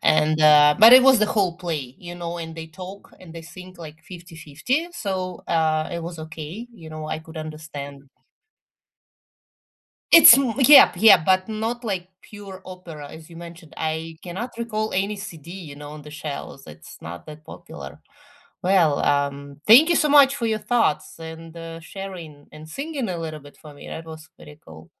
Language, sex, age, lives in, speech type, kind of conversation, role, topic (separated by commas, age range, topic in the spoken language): English, female, 40-44, United States, conversation, unstructured, none, What is a surprising way that music affects how we feel?